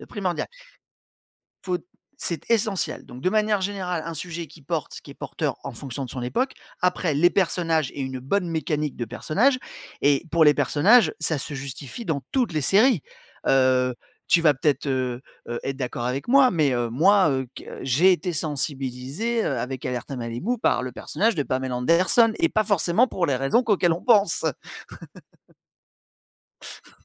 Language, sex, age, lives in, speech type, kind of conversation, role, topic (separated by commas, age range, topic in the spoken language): French, male, 45-49, France, conversation, podcast, guest, Qu’est-ce qui fait, selon toi, une bonne série ?